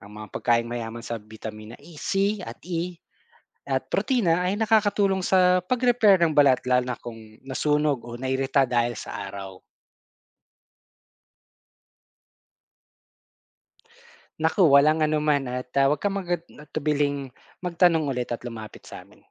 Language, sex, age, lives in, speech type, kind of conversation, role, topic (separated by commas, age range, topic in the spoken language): Filipino, male, 40-44, Philippines, read, expert-assistant, expert, Ano ang dahilan ng pagnipis ng ozone layer?